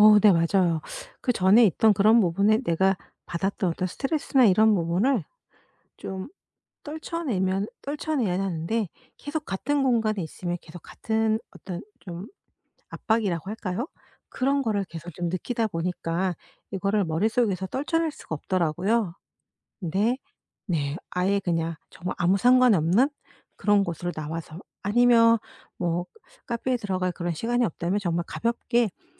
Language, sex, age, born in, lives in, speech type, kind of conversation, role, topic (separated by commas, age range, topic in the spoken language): Korean, female, 50-54, South Korea, United States, conversation, podcast, guest, 에너지가 확 떨어질 때 보통 무엇을 하시나요?